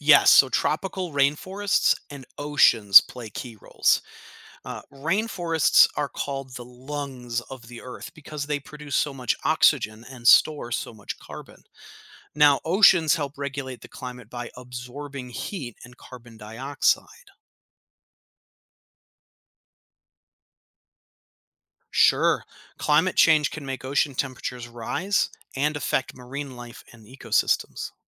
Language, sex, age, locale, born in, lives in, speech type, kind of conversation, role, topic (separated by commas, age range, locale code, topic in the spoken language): English, male, 35-39, en-US, United States, United States, read, expert-assistant, expert, What is a biome?